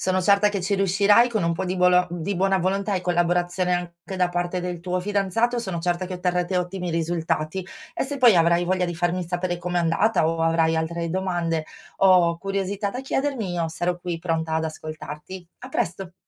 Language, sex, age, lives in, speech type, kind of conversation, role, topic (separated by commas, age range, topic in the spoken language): Italian, female, 40-44, Italy, conversation, advice, advisor, Come posso trovare un equilibrio tra la vita di coppia e la mia autonomia personale?